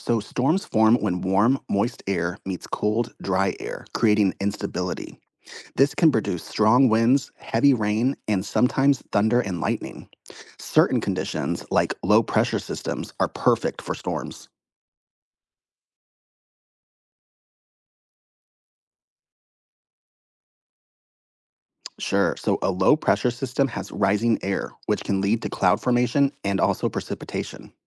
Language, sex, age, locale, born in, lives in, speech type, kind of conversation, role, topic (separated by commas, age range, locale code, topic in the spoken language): English, male, 35-39, en-US, United States, United States, read, expert-assistant, expert, How do weather patterns form and change?